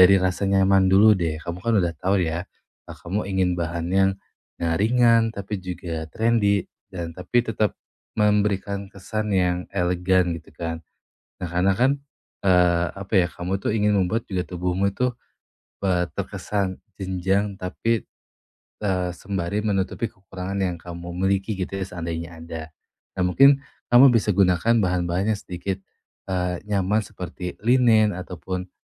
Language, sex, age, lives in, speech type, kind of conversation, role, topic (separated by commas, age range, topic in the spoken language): Indonesian, male, 25-29, Indonesia, conversation, advice, advisor, Bagaimana cara menemukan gaya berpakaian yang benar-benar mencerminkan diri saya?